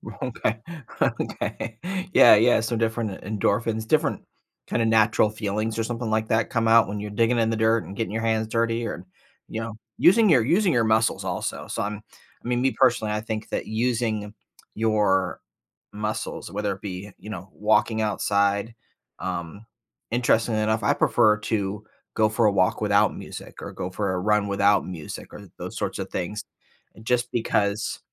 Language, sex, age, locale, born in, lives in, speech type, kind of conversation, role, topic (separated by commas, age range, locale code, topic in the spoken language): English, male, 45-49, en-US, United States, United States, conversation, podcast, host, What are some effective ways to create a healthy balance between technology use and offline life?